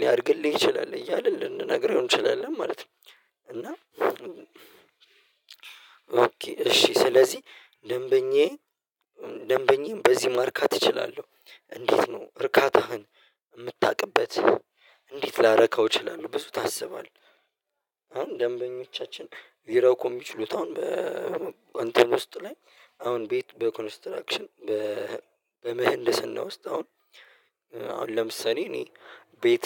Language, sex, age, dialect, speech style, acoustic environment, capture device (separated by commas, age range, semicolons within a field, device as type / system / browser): Amharic, male, 25-34, Ethiopia - Addis Ababa, happy; relaxed, silence; nature, mobile / Linux / Chrome